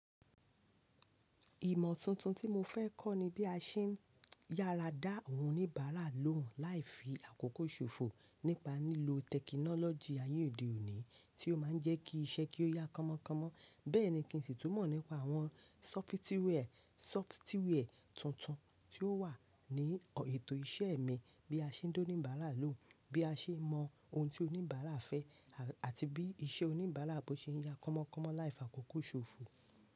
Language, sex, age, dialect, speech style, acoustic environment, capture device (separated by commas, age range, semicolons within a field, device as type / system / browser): Yoruba, female, 25-34, Nigeria - Lagos Yoruba, happy; focused, people talking; nature, mobile / Linux / Chrome